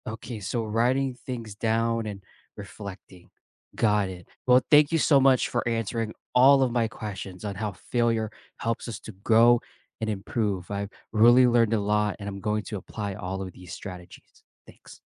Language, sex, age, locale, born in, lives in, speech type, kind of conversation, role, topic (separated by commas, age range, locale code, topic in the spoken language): English, male, 25-29, en-US, United States, United States, read, expert-assistant, asker, How does failure lead to personal growth?